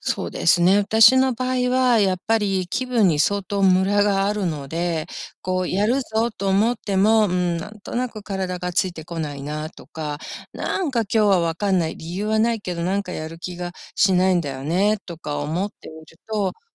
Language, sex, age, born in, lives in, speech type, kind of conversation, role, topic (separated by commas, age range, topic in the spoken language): Japanese, female, 55-59, Japan, United States, conversation, advice, user, どうすれば習慣を身につけて、継続できますか？